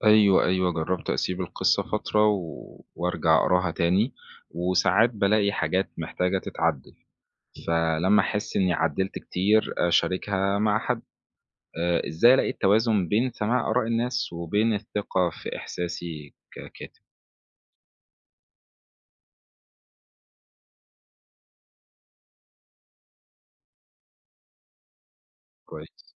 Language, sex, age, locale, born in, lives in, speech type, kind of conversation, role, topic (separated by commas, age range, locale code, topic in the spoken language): Arabic, male, 20-24, ar-EG, Egypt, Egypt, read, expert-assistant, asker, لو عايز أكتب قصة قصيرة، إيه أهم حاجة أركز عليها الأول: الفكرة ولا الشخصيات ولا الأسلوب؟